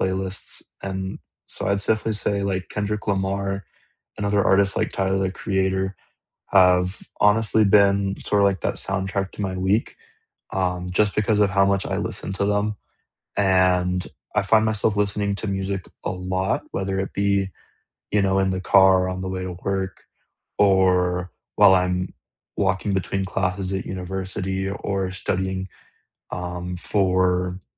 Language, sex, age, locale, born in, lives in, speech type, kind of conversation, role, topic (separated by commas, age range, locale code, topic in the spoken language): English, male, 20-24, en-US, United States, United States, conversation, unstructured, none, What songs, podcasts, and quiet moments make up the soundtrack of your week?